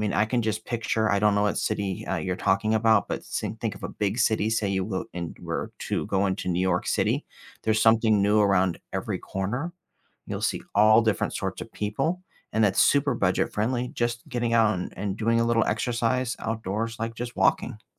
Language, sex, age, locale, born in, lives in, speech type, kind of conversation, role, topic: English, male, 45-49, en-US, United States, United States, conversation, advice, advisor, How can I meet people and explore my new city on a tight budget?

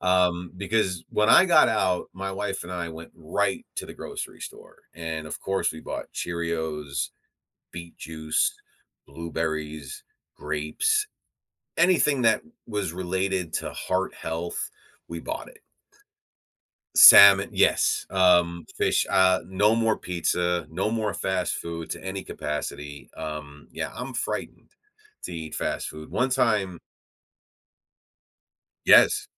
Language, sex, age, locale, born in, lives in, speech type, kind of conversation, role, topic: English, male, 45-49, en-US, United States, United States, conversation, advice, user, How can I make time for self-care in my busy schedule?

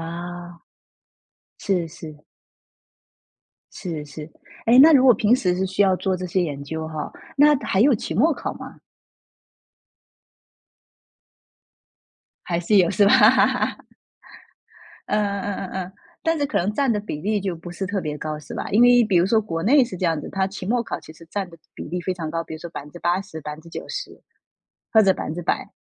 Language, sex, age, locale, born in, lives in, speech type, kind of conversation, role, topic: Chinese, female, 35-39, zh-TW, China, United States, conversation, podcast, host, 忙了一天后，你最喜欢用什么方式让自己放空？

